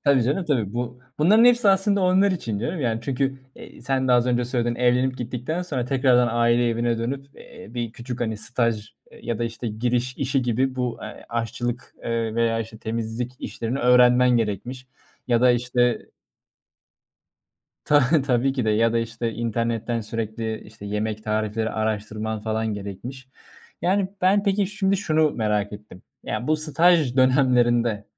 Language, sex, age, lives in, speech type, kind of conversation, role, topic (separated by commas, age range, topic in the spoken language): Turkish, male, 25-29, Germany, conversation, podcast, host, Yemek yapmayı öğrenmek isteyen birine nereden başlamasını önerirsin?